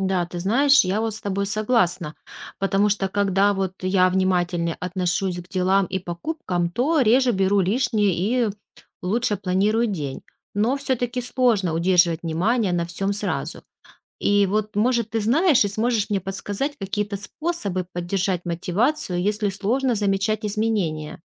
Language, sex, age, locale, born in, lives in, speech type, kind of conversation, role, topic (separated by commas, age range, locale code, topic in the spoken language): Russian, female, 35-39, ru-RU, Ukraine, Spain, read, expert-assistant, asker, Меняет ли знание о происхождении продуктов наш взгляд на еду и трапезу?